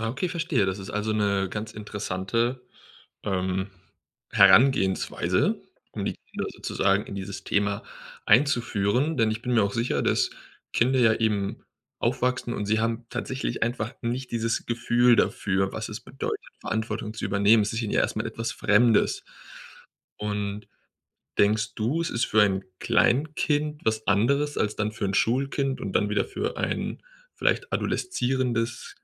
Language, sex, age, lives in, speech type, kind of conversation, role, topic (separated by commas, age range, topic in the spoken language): German, male, 25-29, Germany, conversation, podcast, host, Wie können Eltern ihren Kindern Verantwortung beibringen?